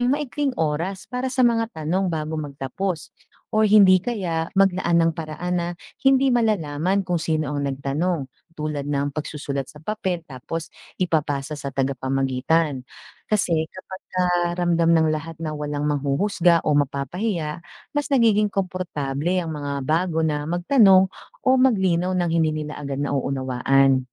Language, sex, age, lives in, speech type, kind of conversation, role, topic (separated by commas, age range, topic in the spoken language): Filipino, female, 40-44, Philippines, read, expert-assistant, expert, Paano mapapadali at mapapalinaw ang paggamit ng mga teknikal na termino sa mga pagpupulong?